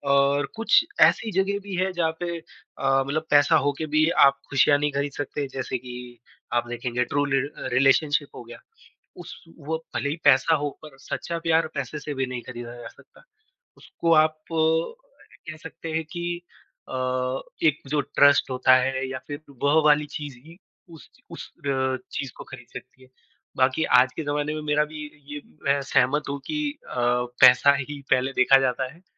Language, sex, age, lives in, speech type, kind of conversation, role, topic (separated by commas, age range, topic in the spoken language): Hindi, female, 20-24, India, conversation, unstructured, none, क्या पैसे से खुशियाँ खरीदी जा सकती हैं, और आपका इस बारे में क्या मानना है?